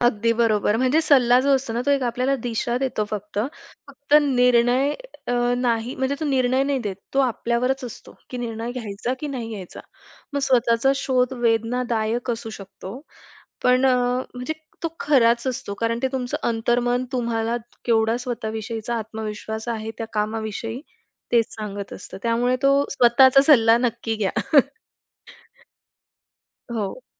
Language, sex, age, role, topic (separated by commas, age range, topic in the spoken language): Marathi, female, 25-29, guest, सल्ला घ्यायचा की स्वतः शोधायचा हे तू कसं ठरवतोस?